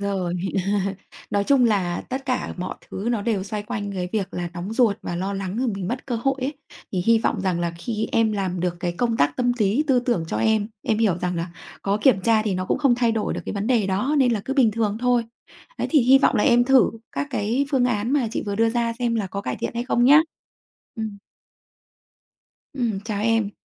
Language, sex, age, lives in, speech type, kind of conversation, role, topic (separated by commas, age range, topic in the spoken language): Vietnamese, female, 35-39, Vietnam, conversation, advice, advisor, Làm thế nào để bạn bỏ thói quen kiểm tra email liên tục khi đang làm việc?